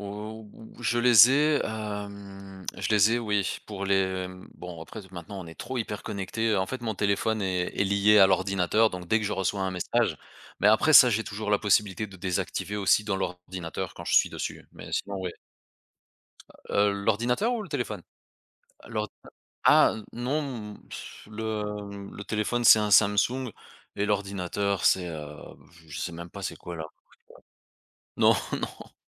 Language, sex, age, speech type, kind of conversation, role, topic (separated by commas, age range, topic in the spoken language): French, male, 35-39, conversation, podcast, guest, Comment gères-tu les notifications sans te sentir débordé ?